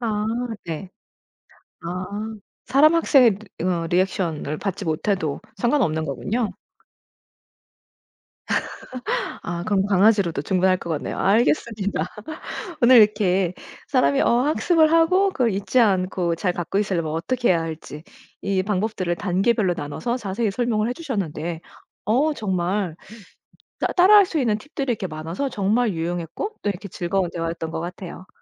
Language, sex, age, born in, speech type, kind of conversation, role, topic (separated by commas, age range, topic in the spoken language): Korean, female, 40-44, United States, conversation, podcast, host, 배운 내용을 잊지 않으려면 어떤 방법이 가장 도움이 될까요?